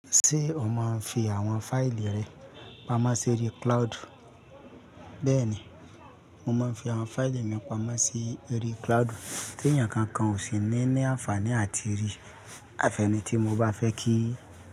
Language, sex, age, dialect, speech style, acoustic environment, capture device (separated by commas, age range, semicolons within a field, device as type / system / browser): Yoruba, male, 35-44, Nigeria - Lagos Yoruba, happy, people talking, mobile / Linux / Chrome